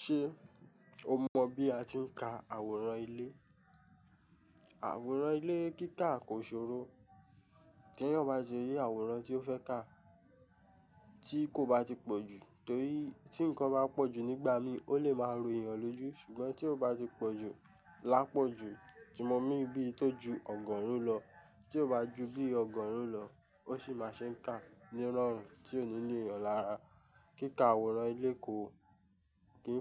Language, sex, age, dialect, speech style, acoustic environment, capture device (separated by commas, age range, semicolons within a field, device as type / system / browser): Yoruba, male, 25-34, Nigeria - Ijebu, relaxed, music, mobile / Linux / Chrome